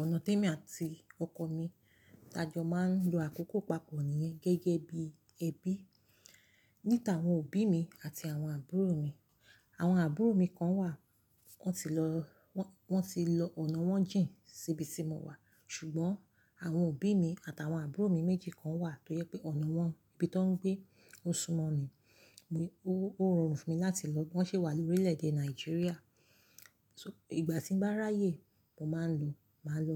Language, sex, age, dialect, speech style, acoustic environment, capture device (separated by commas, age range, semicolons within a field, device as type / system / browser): Yoruba, female, 35-44, Nigeria - Lagos Yoruba, excited, silence, mobile / Linux / Chrome